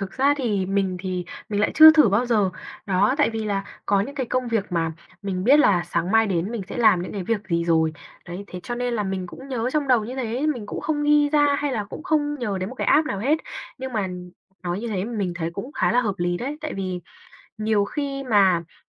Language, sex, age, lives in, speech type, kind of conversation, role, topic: Vietnamese, female, 20-24, Vietnam, conversation, advice, user, Làm thế nào để trở lại làm việc sau kỳ nghỉ phép dài mà vẫn theo kịp đồng nghiệp?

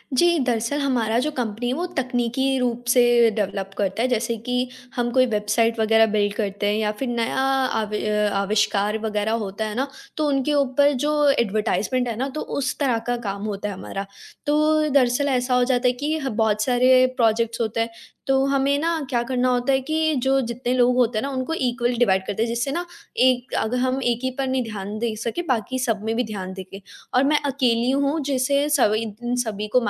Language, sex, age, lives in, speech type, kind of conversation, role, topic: Hindi, female, 18-19, India, conversation, advice, user, मैं एक छोटे स्टार्टअप के लिए सही टीम कैसे बनाऊँ और उसे बनाए कैसे रखूँ?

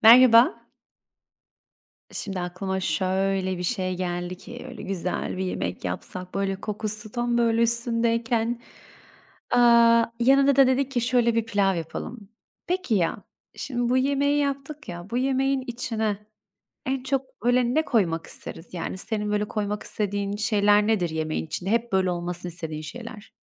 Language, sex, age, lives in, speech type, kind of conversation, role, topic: Turkish, female, 25-29, Italy, conversation, unstructured, none, Hangi sebzeyi her yemeğe eklemek isterdiniz?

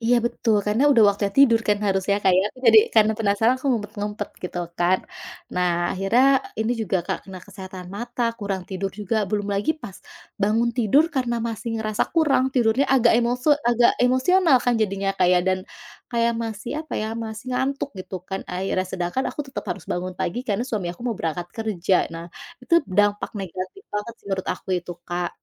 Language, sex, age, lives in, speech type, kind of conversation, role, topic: Indonesian, female, 35-39, Indonesia, conversation, podcast, guest, Apa pendapatmu tentang kebiasaan menonton serial secara maraton dan dampaknya?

